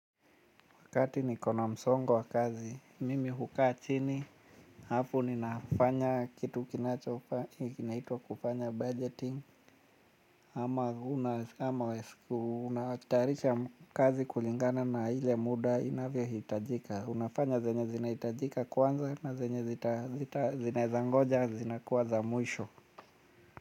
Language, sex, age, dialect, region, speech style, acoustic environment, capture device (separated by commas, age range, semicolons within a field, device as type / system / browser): Swahili, male, 35-44, Kenya - Mombasa, Kenya, anxious, nature, mobile / Linux / Chrome